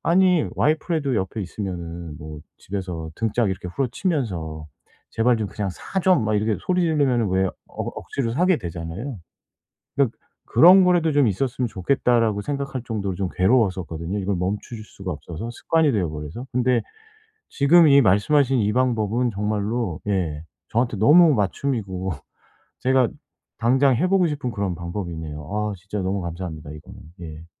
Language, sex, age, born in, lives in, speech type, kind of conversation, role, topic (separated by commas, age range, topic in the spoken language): Korean, male, 45-49, South Korea, South Korea, conversation, advice, user, 쇼핑할 때 선택지가 너무 많아 무엇을 골라야 할지 혼란스러울 때는 어떻게 결정하면 좋을까요?